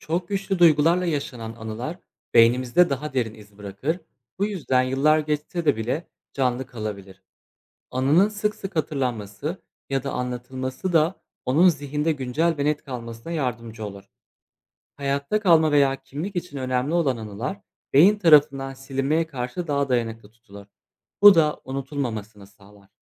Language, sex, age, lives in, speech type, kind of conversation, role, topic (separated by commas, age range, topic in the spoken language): Turkish, male, 25-29, Poland, read, expert-assistant, expert, İnsanlar neden geçmişi hatırlar?